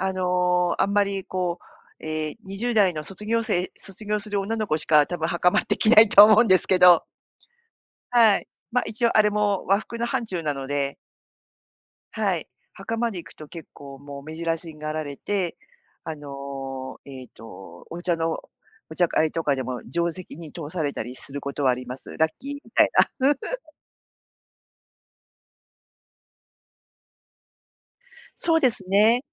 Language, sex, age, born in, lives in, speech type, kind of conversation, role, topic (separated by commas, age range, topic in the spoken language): Japanese, female, 50-54, Japan, Japan, conversation, podcast, guest, 服装で気分を変えることはありますか？